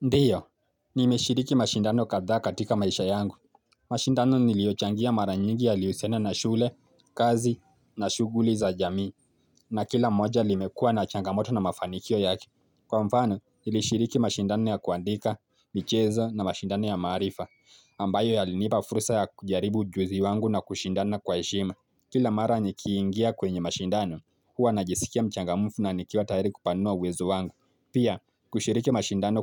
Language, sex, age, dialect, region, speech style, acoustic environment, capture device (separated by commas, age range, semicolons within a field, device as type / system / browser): Swahili, male, 18-24, Kenya - Mombasa, Kenya, focused, nature, mobile / Linux / Chrome